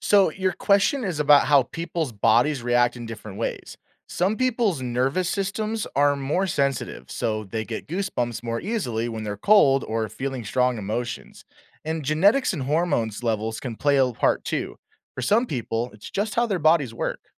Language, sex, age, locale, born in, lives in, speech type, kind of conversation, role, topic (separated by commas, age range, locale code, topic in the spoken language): English, male, 25-29, en-US, United States, United States, read, expert-assistant, expert, Why do we get goosebumps?